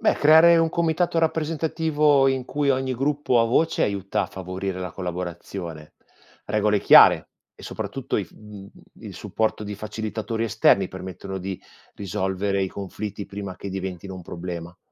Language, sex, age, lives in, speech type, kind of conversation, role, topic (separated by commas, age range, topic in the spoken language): Italian, male, 45-49, Italy, read, expert-assistant, expert, Come si possono integrare scuola, sanità e lavoro per lo sviluppo di una comunità?